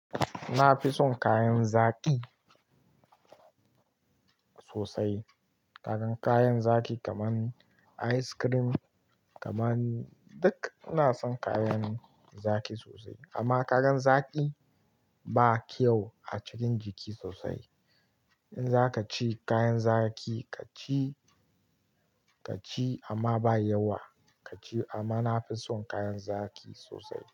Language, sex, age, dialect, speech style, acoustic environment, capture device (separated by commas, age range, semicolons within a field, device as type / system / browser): Hausa, male, 18-24, Nigeria - Kano, relaxed, nature, mobile / Linux / Chrome